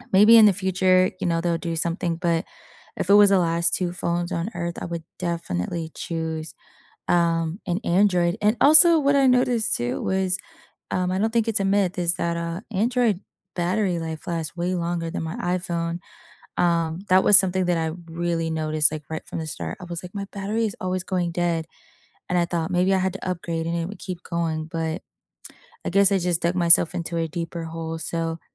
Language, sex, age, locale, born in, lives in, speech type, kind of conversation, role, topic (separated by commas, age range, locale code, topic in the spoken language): English, female, 30-34, en-US, United States, United States, conversation, unstructured, none, What has surprised you and what do you regret about switching between an iPhone and an Android phone?